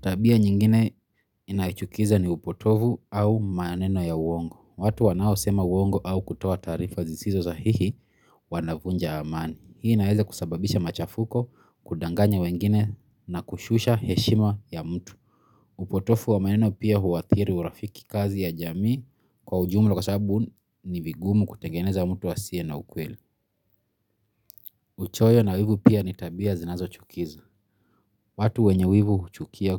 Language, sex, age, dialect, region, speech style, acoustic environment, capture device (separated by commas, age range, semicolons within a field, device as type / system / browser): Swahili, male, 25-34, Kenya - Nairobi Sheng-influenced, Kenya, relaxed, silence, mobile / Linux / Chrome